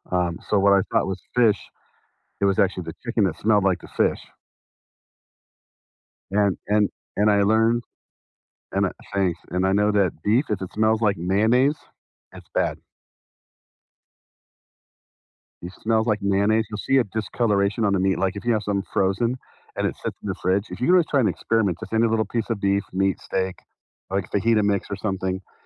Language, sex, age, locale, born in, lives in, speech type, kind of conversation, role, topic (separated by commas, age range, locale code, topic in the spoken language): English, male, 50-54, en-US, United States, United States, conversation, unstructured, none, How does the fear of foodborne illness affect your eating habits?